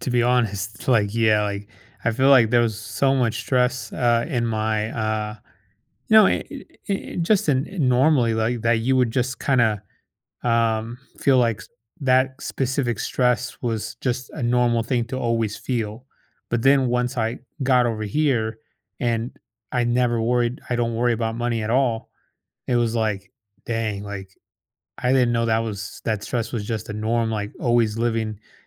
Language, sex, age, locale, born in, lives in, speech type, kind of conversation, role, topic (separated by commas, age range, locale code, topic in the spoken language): English, male, 30-34, en-US, United States, United States, conversation, advice, user, How can I cope with missing a loved one during the holidays?